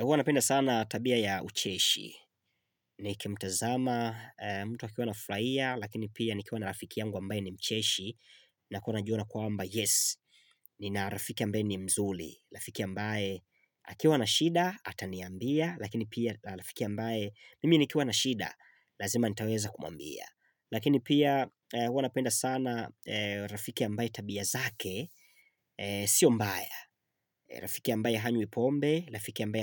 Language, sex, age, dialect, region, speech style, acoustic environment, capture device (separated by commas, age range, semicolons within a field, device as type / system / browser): Swahili, male, 25-34, Tanzania - Dar es Salaam, Tanzania, excited; focused; happy, silence, mobile / Linux / Chrome